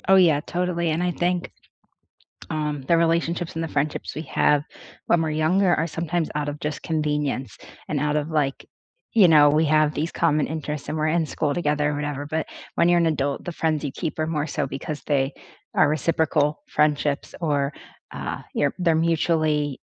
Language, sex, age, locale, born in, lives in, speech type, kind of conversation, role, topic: English, female, 25-29, en-US, United States, United States, conversation, unstructured, none, How could you redesign the way you keep up with friends so it feels easier and more joyful?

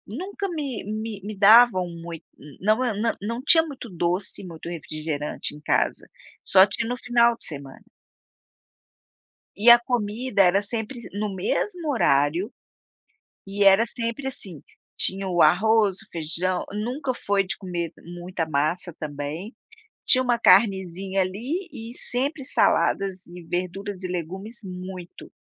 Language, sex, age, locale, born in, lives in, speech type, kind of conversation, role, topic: Portuguese, female, 55-59, pt-BR, Brazil, United States, conversation, podcast, guest, Como começar hábitos saudáveis sem perder o pique?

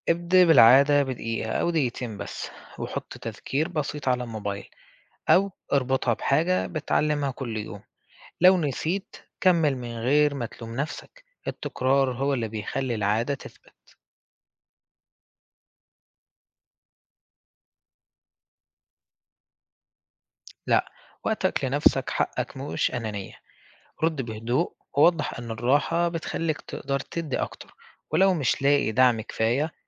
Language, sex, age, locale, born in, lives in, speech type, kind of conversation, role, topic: Arabic, male, 20-24, ar-EG, Egypt, Egypt, read, expert-assistant, expert, إزاي أقدر أوازن بين شغلي وحياتي الشخصية من غير ما أتعب نفسيتي؟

